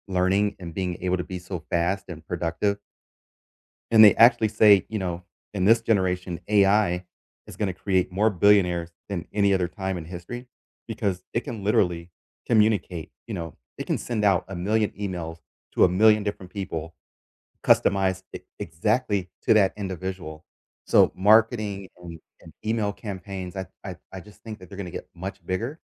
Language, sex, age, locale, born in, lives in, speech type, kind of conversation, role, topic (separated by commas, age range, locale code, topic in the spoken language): English, male, 45-49, en-US, United States, United States, conversation, unstructured, none, What tool or app has changed your workday recently?